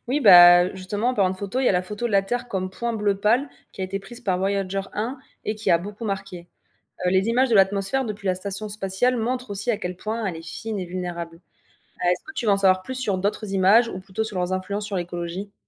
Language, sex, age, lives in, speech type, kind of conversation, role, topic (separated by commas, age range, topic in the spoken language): French, female, 25-29, France, read, expert-assistant, expert, Pourquoi l’exploration de l’espace nous fascine-t-elle autant, et qu’est-ce que cela dit sur notre curiosité humaine ?